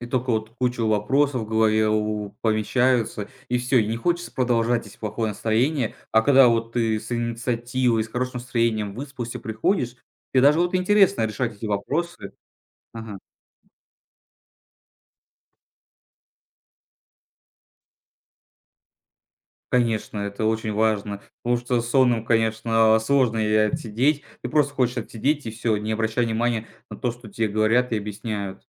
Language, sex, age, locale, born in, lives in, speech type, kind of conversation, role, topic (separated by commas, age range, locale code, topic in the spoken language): Russian, male, 20-24, ru-RU, Russia, Estonia, conversation, podcast, guest, Как не бросить учёбу через пару недель?